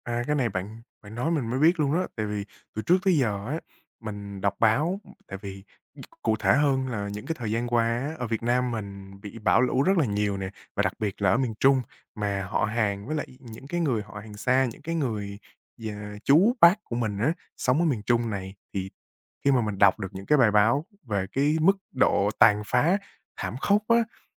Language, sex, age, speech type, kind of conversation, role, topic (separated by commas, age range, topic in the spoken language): Vietnamese, male, 20-24, conversation, advice, user, Làm sao để tôi duy trì thói quen tập thể dục buổi sáng khi tôi thường bỏ giữa chừng?